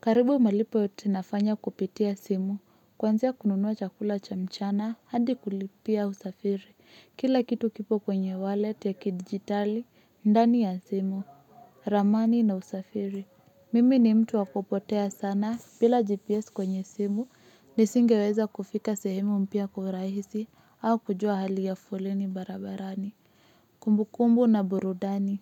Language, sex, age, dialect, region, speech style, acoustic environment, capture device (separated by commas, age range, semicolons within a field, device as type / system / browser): Swahili, female, 25-34, Kenya - Nairobi Sheng-influenced, Kenya, relaxed, silence, mobile / Linux / Chrome